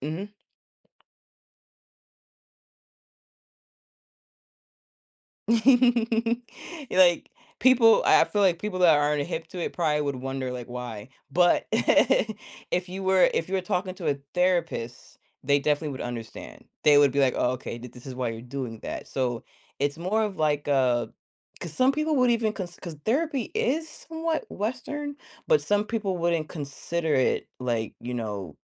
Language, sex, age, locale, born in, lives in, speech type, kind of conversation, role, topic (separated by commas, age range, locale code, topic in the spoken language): English, female, 30-34, en-US, United States, United States, conversation, advice, advisor, How can I build consistent self-care habits that fit my daily routine?